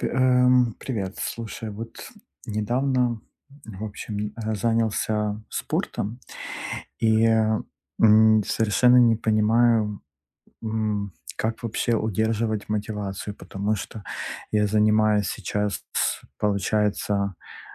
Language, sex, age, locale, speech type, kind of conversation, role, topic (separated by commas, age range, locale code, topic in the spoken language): Russian, male, 35-39, ru-RU, conversation, advice, user, Как сохранить мотивацию для долгих регулярных занятий спортом?